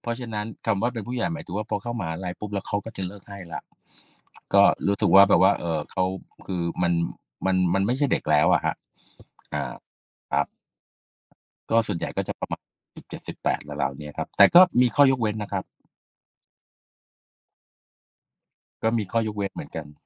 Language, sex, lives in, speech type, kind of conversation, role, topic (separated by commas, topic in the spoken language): Thai, male, Thailand, conversation, unstructured, none, เทศกาลไหนในแต่ละปีที่คุณชอบมากที่สุด และเพราะอะไร?